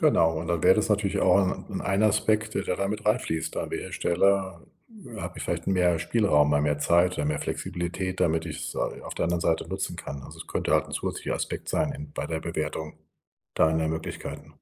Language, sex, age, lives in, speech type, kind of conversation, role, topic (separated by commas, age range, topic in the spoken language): German, male, 60-64, Germany, conversation, advice, advisor, Wie kann ich bei großen Entscheidungen Risiken und Chancen besser abwägen?